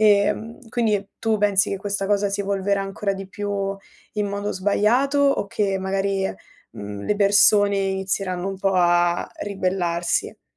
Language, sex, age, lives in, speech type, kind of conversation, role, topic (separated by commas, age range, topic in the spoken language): Italian, female, 20-24, Italy, conversation, unstructured, none, Come reagisci quando una celebrità si comporta in modo scorretto sui media?